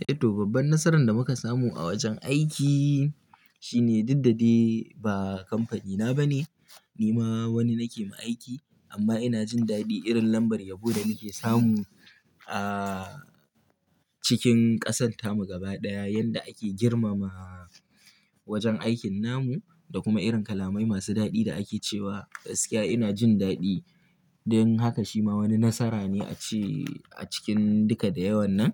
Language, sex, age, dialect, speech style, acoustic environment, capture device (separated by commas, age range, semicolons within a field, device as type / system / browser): Hausa, male, 18-24, Nigeria - Kano, happy, silence, mobile / Linux / Chrome